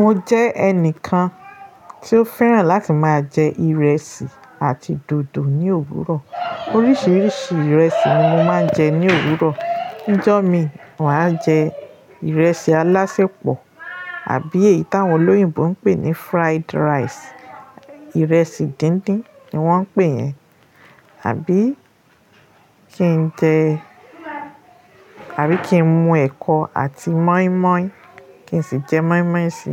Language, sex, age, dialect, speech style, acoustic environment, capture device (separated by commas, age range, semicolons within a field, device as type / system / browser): Yoruba, female, 35-44, Nigeria - Lagos Yoruba, happy, nature, mobile / Linux / Chrome